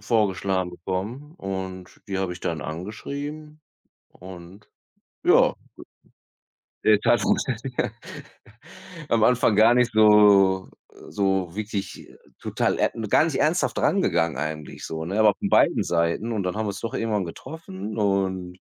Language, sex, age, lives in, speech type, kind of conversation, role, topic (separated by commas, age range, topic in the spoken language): German, male, 35-39, Germany, conversation, unstructured, none, Was denkst du über soziale Medien und ihren Einfluss auf unser Leben?